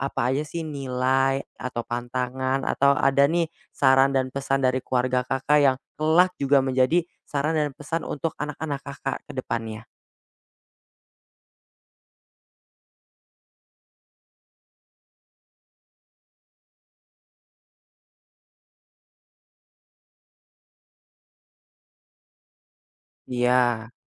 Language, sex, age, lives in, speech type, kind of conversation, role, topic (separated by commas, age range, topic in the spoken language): Indonesian, male, 20-24, Indonesia, conversation, podcast, host, Warisan budaya keluarga apa yang paling berkesan untuk kamu?